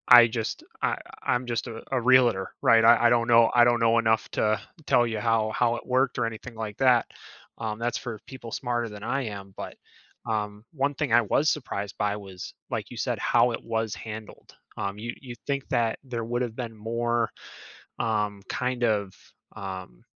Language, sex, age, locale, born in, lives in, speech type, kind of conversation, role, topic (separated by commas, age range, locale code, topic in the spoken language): English, male, 25-29, en-US, United States, United States, conversation, podcast, host, Why is it important to understand the history of pandemics in shaping our response to future health challenges?